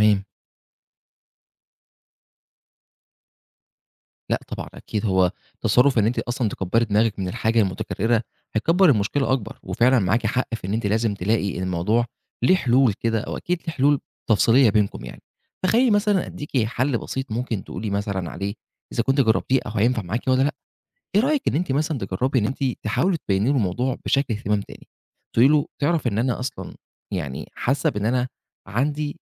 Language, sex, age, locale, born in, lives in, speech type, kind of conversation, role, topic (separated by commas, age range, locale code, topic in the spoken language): Arabic, male, 25-29, ar-EG, Egypt, Egypt, conversation, advice, advisor, إزاي أتعامل مع خناقة بيني وبين شريكي بسبب سوء تفاهم؟